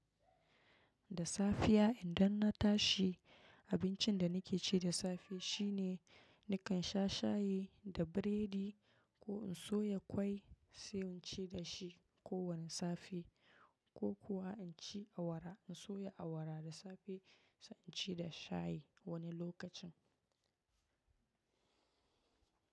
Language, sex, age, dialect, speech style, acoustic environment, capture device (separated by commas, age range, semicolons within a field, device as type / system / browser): Hausa, female, 25-34, Nigeria - Nigerian Standard, relaxed, silence, mobile / macOS / Safari